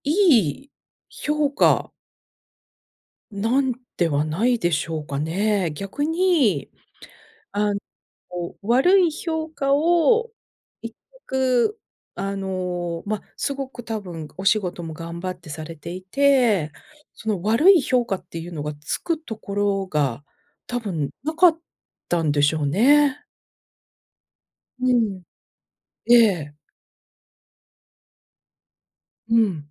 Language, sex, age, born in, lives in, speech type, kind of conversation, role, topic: Japanese, female, 50-54, Japan, United States, conversation, advice, advisor, 仕事で実力不足かもと感じるインポスター症候群について、どのように感じていますか？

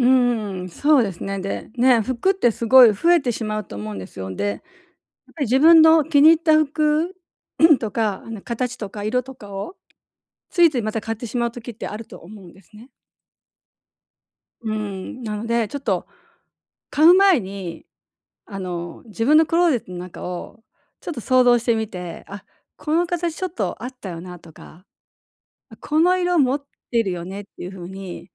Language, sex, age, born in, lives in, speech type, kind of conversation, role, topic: Japanese, female, 60-64, Japan, Japan, conversation, advice, advisor, 日常で流行に流されずに、自分らしいスタイルを見つけるにはどうすればいいですか？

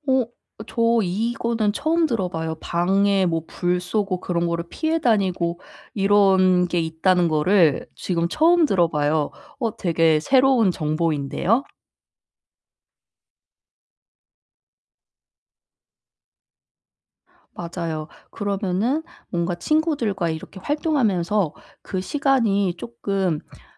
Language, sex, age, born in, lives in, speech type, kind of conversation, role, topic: Korean, female, 30-34, South Korea, South Korea, conversation, advice, user, 친구들과의 모임이 자꾸 부담스럽고 피하고 싶을 때 어떻게 해야 하나요?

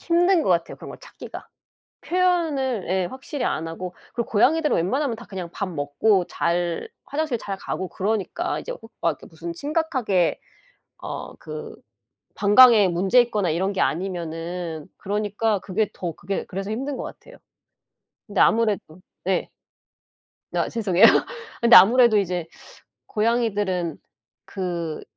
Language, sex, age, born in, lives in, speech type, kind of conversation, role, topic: Korean, female, 40-44, South Korea, United States, conversation, unstructured, none, 고양이와 강아지 중 어떤 반려동물이 더 좋으신가요?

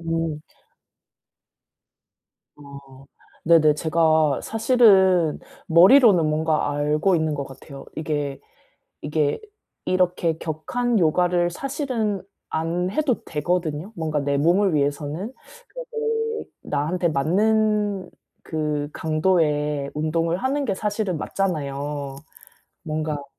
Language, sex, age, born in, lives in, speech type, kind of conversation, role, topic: Korean, female, 35-39, South Korea, South Korea, conversation, advice, user, 신체 기능 변화에 정서적으로 어떻게 적응해야 하나요?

